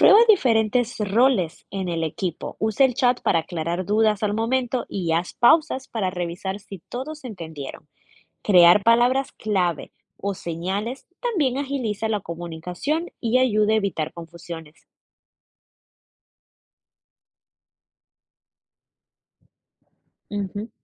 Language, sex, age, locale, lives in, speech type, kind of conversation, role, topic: Spanish, female, 20-24, es-EC, United States, read, expert-assistant, expert, ¿Qué habilidades valiosas para la vida o el trabajo puedo desarrollar jugando videojuegos de manera consciente?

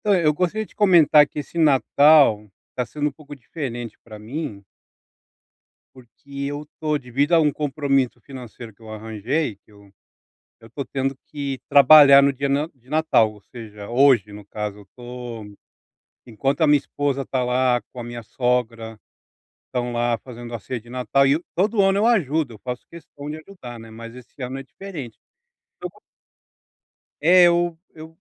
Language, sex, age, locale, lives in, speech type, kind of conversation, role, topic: Portuguese, male, 40-44, pt-BR, United States, conversation, advice, user, Por que me sinto excluído em encontros e festas com amigos?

